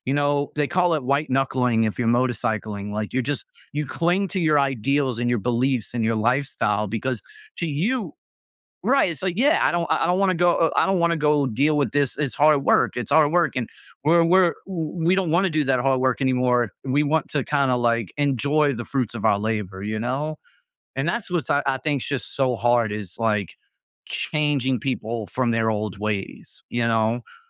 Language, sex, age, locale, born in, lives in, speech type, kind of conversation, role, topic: English, male, 35-39, en-US, United States, United States, conversation, podcast, guest, What makes it so difficult to decide when facing a major life change?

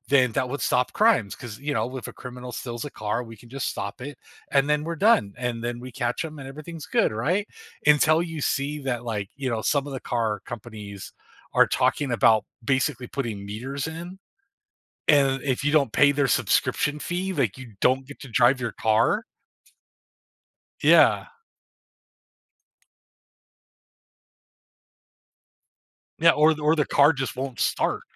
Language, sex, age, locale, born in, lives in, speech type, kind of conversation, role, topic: English, male, 40-44, en-US, United States, United States, conversation, unstructured, none, How do you think facial recognition technology will impact our privacy and daily lives?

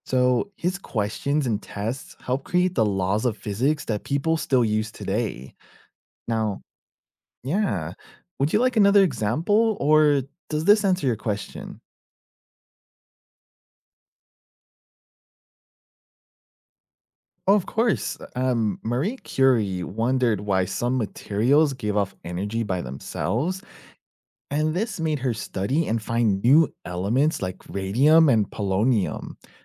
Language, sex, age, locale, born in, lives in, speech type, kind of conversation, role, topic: English, male, 30-34, en-US, United States, United States, read, expert-assistant, expert, How does curiosity lead to new discoveries?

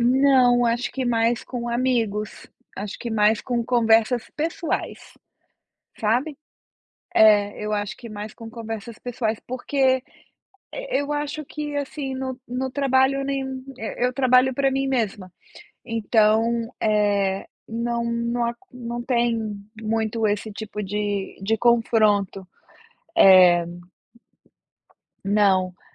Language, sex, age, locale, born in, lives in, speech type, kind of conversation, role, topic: Portuguese, female, 45-49, pt-BR, Brazil, United States, conversation, advice, user, Como posso evitar reagir na defensiva quando alguém faz um comentário sobre o meu trabalho?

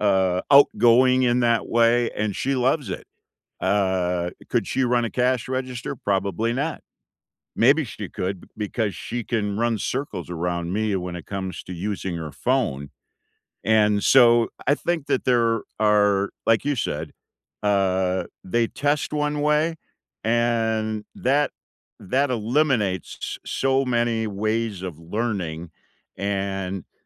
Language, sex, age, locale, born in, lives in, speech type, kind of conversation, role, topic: English, male, 65-69, en-US, United States, United States, conversation, unstructured, none, What would you include in your dream classroom, and why would those choices matter to you?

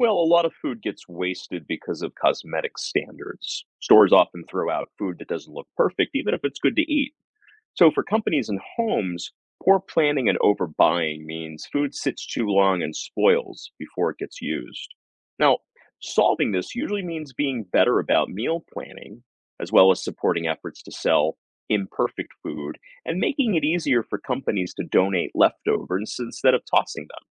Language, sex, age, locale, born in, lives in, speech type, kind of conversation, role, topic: English, male, 40-44, en-US, United States, United States, read, expert-assistant, expert, What causes food waste and how can it be reduced?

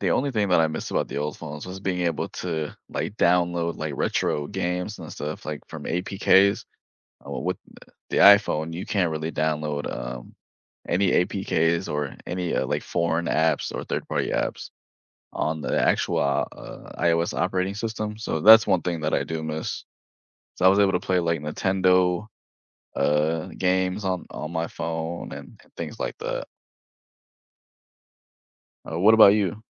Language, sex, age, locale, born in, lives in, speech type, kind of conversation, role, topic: English, male, 25-29, en-US, United States, United States, conversation, unstructured, none, What surprised you most when you switched from iOS to Android, and how did it change your everyday life?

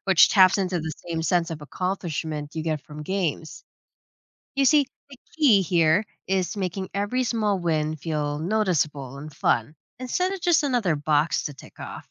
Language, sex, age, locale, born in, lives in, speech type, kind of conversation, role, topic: English, female, 30-34, en-US, Philippines, United States, read, expert-assistant, expert, How can expense tracking be made more motivating?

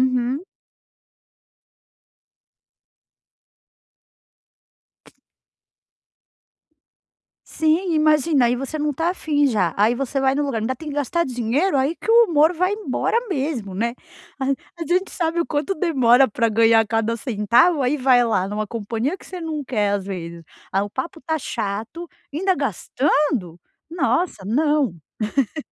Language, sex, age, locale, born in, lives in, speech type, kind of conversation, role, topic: Portuguese, female, 40-44, pt-BR, Brazil, United States, conversation, advice, advisor, Como posso dizer não e estabelecer limites sociais sem me sentir culpado?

